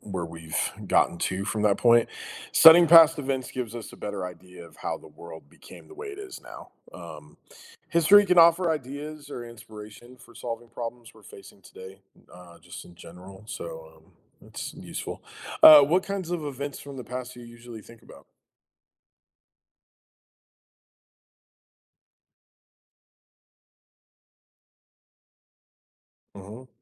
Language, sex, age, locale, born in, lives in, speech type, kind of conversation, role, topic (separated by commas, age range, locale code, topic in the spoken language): English, male, 35-39, en-US, United States, United States, read, expert-assistant, expert, Why is it important to learn from history?